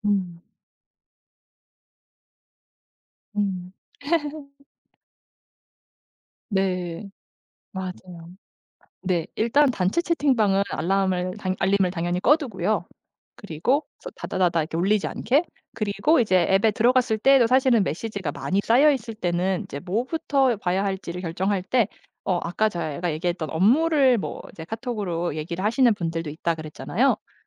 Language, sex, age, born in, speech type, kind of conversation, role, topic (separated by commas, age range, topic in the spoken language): Korean, female, 35-39, South Korea, conversation, podcast, guest, 스마트폰 알림이 집중력에 어떤 영향을 준다고 생각하시나요?